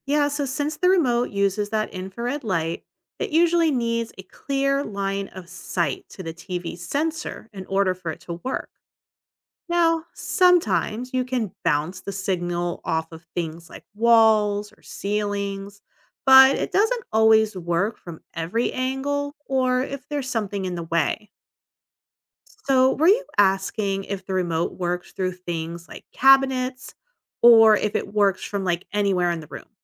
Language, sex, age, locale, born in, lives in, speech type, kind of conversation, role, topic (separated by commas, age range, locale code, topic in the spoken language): English, female, 40-44, en-US, United States, United States, read, expert-assistant, expert, How does a remote control change TV channels?